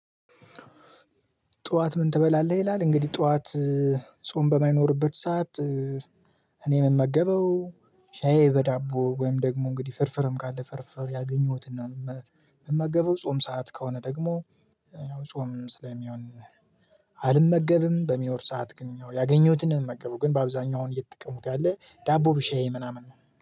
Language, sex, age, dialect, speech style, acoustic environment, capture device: Amharic, male, 25-34, Ethiopia - Gojjam, focused, silence, mobile / Linux / Chrome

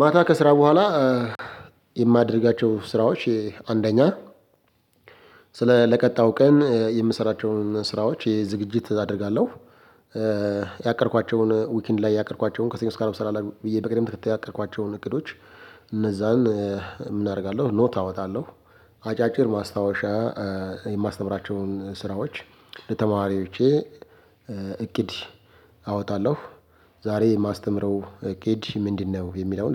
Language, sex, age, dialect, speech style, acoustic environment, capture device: Amharic, male, 25-34, Ethiopia - Addis Ababa, happy; excited, silence, mobile / Linux / Chrome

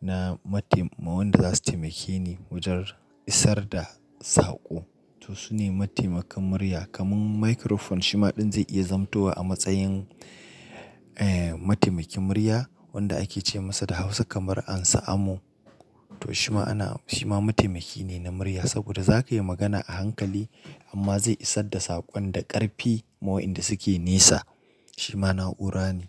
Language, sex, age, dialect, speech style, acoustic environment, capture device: Hausa, male, 25-34, Nigeria - Nigerian Standard, happy; excited; focused, nature; silence; appliances, mobile / Linux / Chrome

